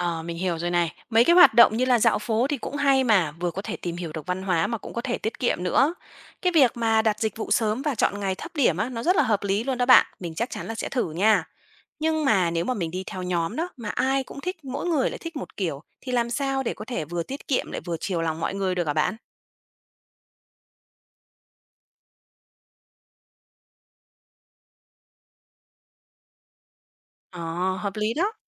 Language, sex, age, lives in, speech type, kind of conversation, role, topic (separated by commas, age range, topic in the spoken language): Vietnamese, female, 30-34, Vietnam, read, expert-assistant, asker, Làm thế nào để du lịch nhóm tiết kiệm mà vẫn vui?